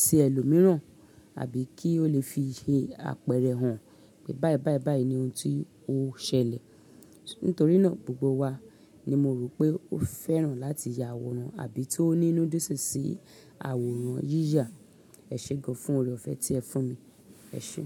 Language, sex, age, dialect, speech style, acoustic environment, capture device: Yoruba, female, 35-44, Nigeria - Lagos Yoruba, happy; excited; focused, people talking, mobile / Linux / Chrome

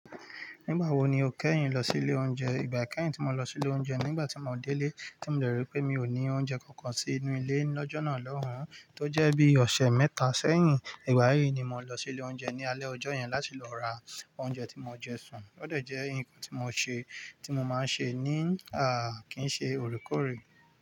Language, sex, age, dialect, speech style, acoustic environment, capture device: Yoruba, male, 25-34, Nigeria - Nigerian Standard, relaxed, nature, mobile / Linux / Chrome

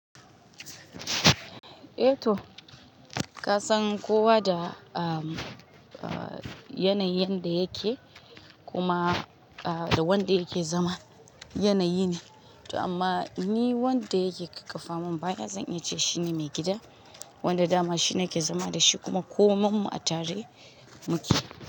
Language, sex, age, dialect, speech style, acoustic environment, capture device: Hausa, female, 25-34, Nigeria - Kano, relaxed, nature, mobile / Linux / Chrome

